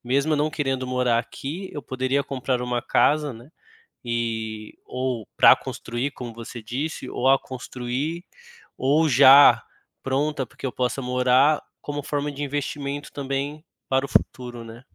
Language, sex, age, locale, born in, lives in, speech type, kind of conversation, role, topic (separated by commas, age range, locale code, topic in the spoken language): Portuguese, male, 30-34, pt-BR, Brazil, Germany, conversation, advice, user, Devo comprar uma casa própria ou continuar alugando?